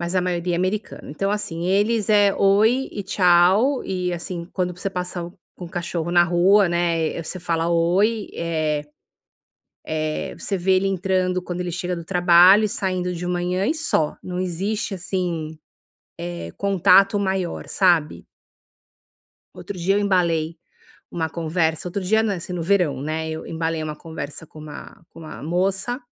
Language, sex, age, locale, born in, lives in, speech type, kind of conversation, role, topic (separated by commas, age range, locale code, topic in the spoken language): Portuguese, female, 50-54, pt-BR, Brazil, United States, conversation, advice, user, Como posso fazer novas amizades depois de me mudar?